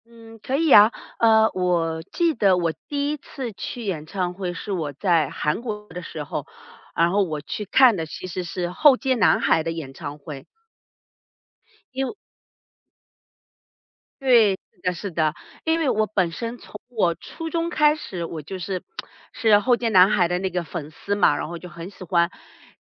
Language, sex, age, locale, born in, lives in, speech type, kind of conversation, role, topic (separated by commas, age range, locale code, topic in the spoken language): Chinese, female, 40-44, zh-CN, China, United States, conversation, podcast, guest, 你第一次去演唱会是什么样的经历？